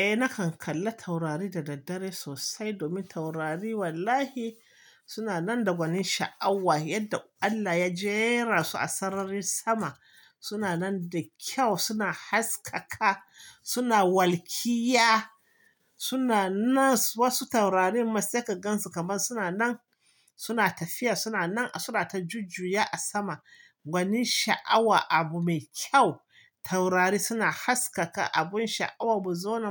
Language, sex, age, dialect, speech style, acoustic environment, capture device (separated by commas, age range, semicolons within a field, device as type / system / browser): Hausa, male, 25-34, Nigeria - Kano, relaxed, silence, mobile / Linux / Chrome